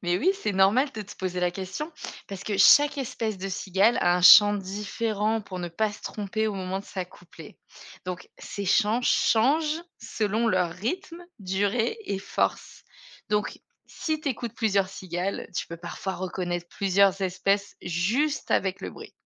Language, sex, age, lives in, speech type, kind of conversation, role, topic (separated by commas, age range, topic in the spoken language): French, female, 35-39, Germany, read, expert-assistant, expert, Pourquoi les cigales chantent-elles en été ?